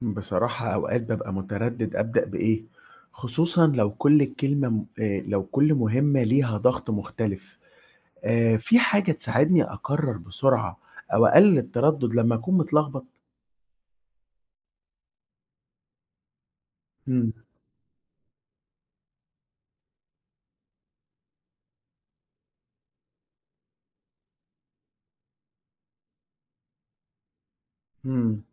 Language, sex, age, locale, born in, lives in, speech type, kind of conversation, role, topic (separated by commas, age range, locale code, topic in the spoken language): Arabic, male, 20-24, ar-EG, Egypt, Egypt, read, expert-assistant, asker, إزاي أقدر أنظم يومي بحيث أخصص وقت ثابت للاسترخاء وتقليل التوتر وسط ضغط الشغل والحياة؟